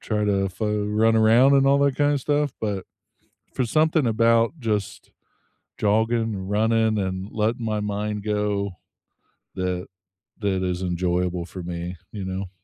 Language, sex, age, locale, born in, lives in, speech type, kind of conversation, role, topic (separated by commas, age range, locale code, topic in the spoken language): English, male, 35-39, en-US, United States, United States, conversation, unstructured, none, What are your favorite ways to stay active with friends and feel more connected?